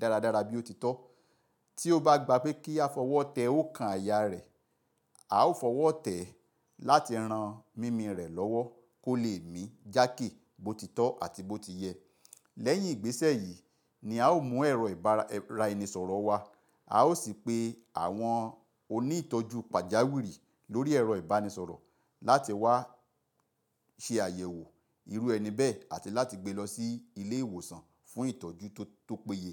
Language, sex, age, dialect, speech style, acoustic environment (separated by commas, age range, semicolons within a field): Yoruba, male, 45-54, Nigeria - Ibadan, nervous; focused, silence